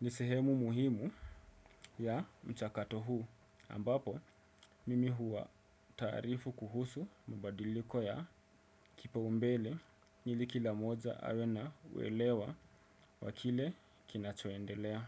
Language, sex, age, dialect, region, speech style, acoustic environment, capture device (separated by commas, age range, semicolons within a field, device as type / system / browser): Swahili, male, 25-34, Kenya - Mombasa, Kenya, happy; relaxed; excited; focused, silence, desktop / Windows / Chrome